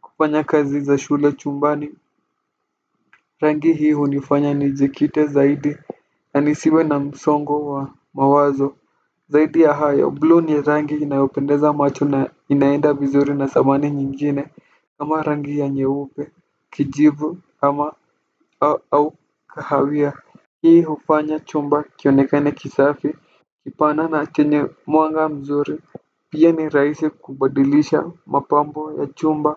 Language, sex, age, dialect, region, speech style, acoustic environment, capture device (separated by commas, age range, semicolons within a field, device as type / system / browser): Swahili, male, 18-24, Kenya - Nairobi Sheng-influenced, Kenya, relaxed, nature, desktop / Windows / Firefox